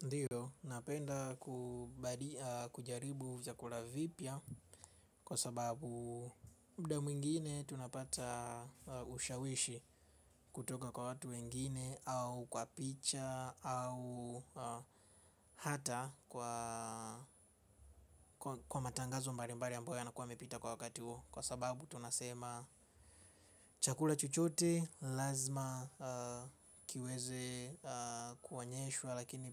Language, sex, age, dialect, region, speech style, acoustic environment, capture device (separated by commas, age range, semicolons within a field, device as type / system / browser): Swahili, male, 25-34, Tanzania - Dar es Salaam, Tanzania, happy, silence; nature, mobile / Linux / Chrome